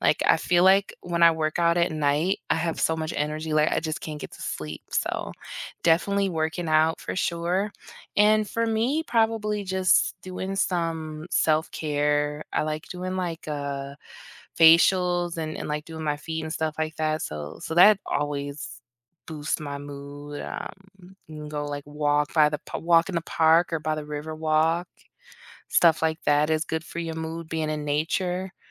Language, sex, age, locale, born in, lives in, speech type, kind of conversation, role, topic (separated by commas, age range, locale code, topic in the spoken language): English, female, 30-34, en-US, United States, United States, conversation, unstructured, none, What small daily rituals boost your mood and energy?